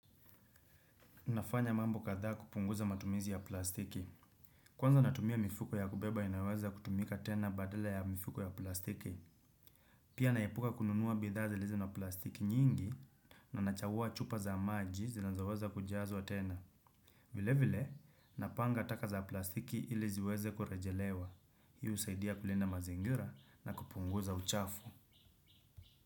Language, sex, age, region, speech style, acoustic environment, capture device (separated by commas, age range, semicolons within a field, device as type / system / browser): Swahili, male, 25-34, Kenya, relaxed, silence, desktop / Windows / Chrome